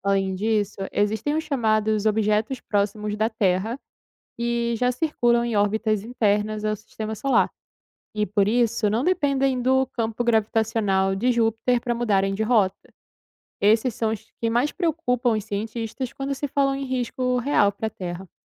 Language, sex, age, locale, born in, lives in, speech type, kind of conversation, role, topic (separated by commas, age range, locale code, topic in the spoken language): Portuguese, female, 20-24, pt-BR, Brazil, Italy, read, expert-assistant, expert, Júpiter protege a Terra dos asteroides?